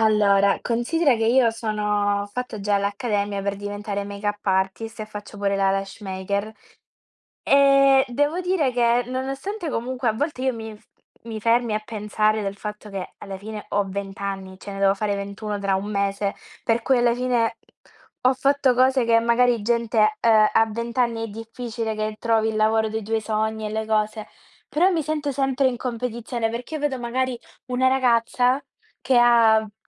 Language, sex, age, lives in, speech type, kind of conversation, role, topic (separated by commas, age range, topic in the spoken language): Italian, female, 20-24, Italy, conversation, unstructured, none, Pensi che la società imponga troppe aspettative?